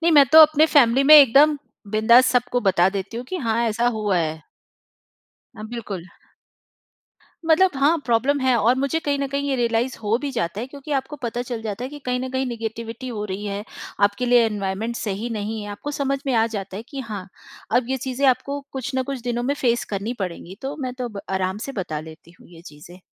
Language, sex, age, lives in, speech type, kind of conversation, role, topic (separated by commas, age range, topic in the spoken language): Hindi, female, 45-49, India, conversation, podcast, guest, Job loss ke baad tumne sabse pehle kya kiya?